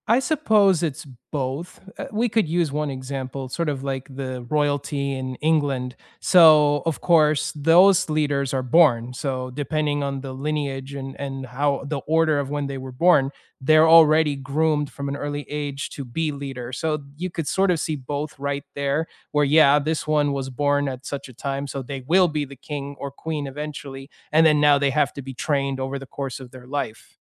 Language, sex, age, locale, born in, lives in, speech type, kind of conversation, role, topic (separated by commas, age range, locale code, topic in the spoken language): English, male, 30-34, en-US, United States, United States, conversation, unstructured, none, What qualities make you trust leaders in your community, and how have you seen them in action?